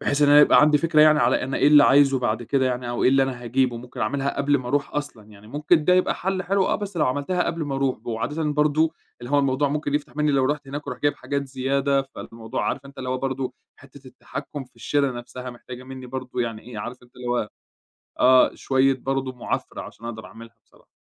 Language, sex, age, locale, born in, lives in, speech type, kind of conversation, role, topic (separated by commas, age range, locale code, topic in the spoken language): Arabic, male, 25-29, ar-EG, Egypt, Egypt, conversation, advice, user, إزاي أبدأ أتابع مصاريفي وأنا مش عارف الفلوس بتروح فين كل شهر؟